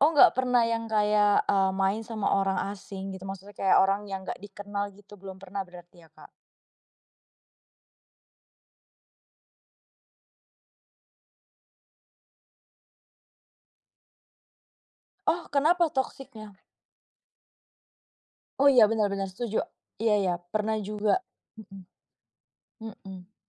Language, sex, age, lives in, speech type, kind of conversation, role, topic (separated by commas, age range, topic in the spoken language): Indonesian, female, 25-29, Indonesia, conversation, podcast, host, Apa hobi favoritmu, dan kenapa kamu menyukainya?